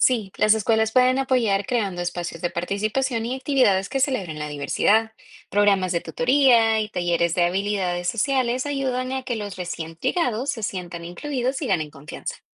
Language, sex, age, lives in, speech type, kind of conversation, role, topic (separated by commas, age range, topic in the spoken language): Spanish, female, 25-29, United States, read, expert-assistant, expert, ¿Por qué en algunas familias se espera que los niños sean más independientes que en otras?